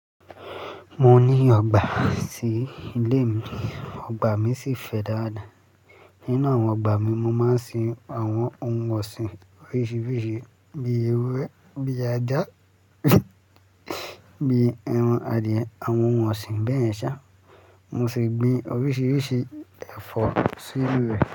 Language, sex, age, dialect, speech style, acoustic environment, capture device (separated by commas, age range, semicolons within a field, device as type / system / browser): Yoruba, male, 18-24, Nigeria - Lagos Yoruba, focused, traffic; construction; appliances; music; people talking, mobile / Linux / Chrome